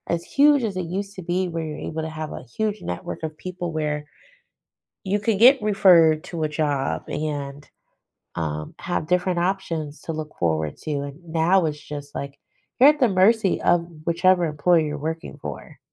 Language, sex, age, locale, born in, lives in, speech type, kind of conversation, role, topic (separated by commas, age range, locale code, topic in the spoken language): English, female, 40-44, en-US, United States, United States, conversation, advice, user, How can I decide about a major life change?